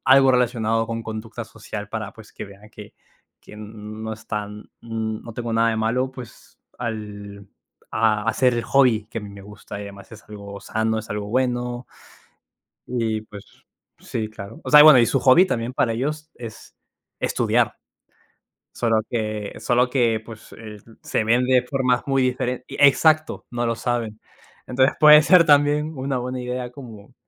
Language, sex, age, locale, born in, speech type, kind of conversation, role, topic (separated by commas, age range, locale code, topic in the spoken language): Spanish, male, 20-24, es-PE, Peru, conversation, unstructured, none, ¿Crees que hay pasatiempos que deberían ser menospreciados o juzgados?